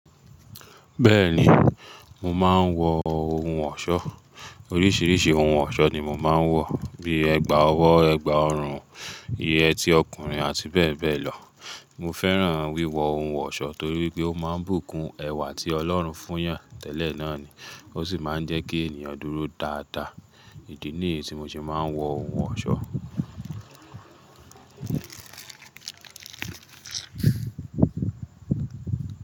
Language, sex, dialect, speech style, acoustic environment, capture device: Yoruba, male, Nigeria - Nigerian Standard, stressed, appliances, mobile / Linux / Chrome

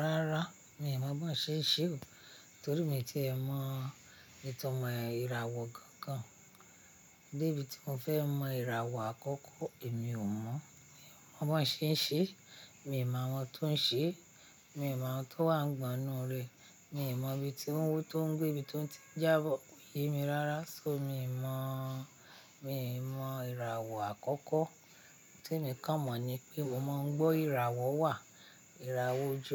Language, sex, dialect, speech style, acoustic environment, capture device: Yoruba, female, Nigeria - Ibadan, happy; excited, people talking; traffic; nature, mobile / Linux / Chrome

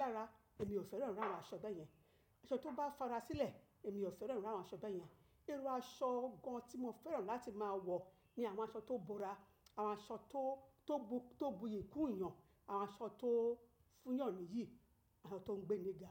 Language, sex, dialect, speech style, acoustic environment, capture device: Yoruba, female, Nigeria - Lagos Yoruba, relaxed; happy; focused, nature; silence, mobile / macOS / Safari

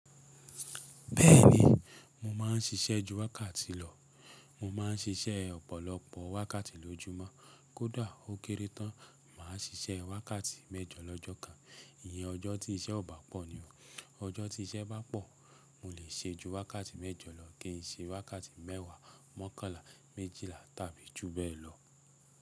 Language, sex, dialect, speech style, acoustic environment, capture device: Yoruba, male, Nigeria - Nigerian Standard, relaxed, silence; nature, mobile / Linux / Chrome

